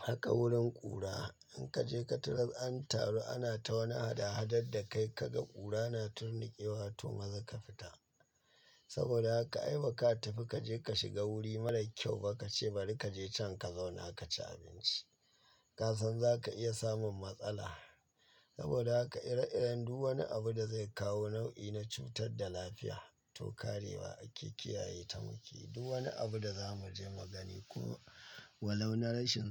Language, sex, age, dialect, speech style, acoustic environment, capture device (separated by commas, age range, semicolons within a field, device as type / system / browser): Hausa, male, 25-34, Nigeria - Katsina, happy, nature, mobile / Linux / Chrome